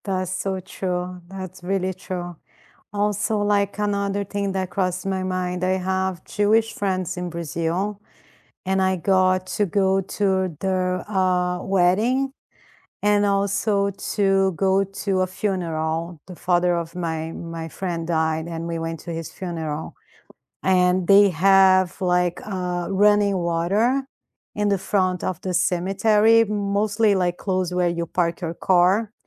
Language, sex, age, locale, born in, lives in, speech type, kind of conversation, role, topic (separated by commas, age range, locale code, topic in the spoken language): English, female, 45-49, en-BR, Brazil, United States, conversation, unstructured, none, How do different cultures celebrate important life events?